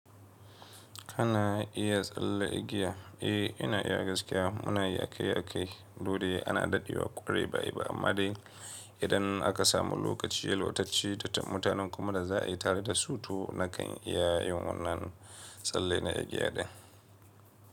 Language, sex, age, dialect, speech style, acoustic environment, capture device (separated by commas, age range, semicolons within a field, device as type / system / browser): Hausa, male, 25-34, Nigeria - Kano, focused, silence, mobile / Linux / Chrome